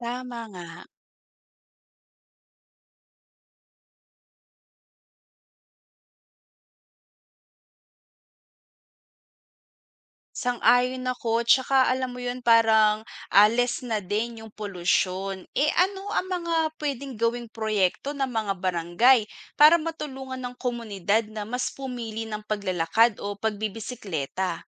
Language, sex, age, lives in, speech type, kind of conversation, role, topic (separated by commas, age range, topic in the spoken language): Filipino, female, 25-29, Philippines, read, expert-assistant, asker, Ano ang mga sanhi ng paglala ng trapik sa mga lungsod?